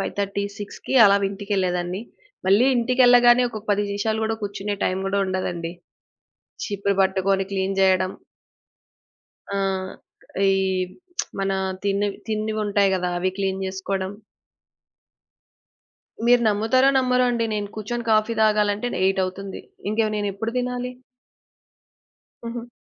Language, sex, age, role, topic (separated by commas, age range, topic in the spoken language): Telugu, female, 30-34, guest, ఎన్నో ప్రయత్నాల తర్వాత మీరు సాధించిన ఒక చిన్న విజయం ఏది?